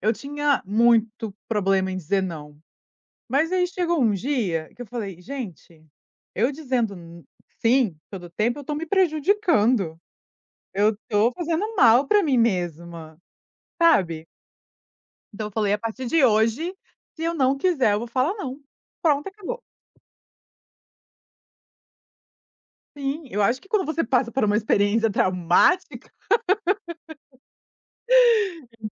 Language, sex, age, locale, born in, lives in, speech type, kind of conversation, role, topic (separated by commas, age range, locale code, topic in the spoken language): Portuguese, female, 30-34, pt-BR, Brazil, United States, conversation, podcast, guest, O que você faz quando precisa dizer não?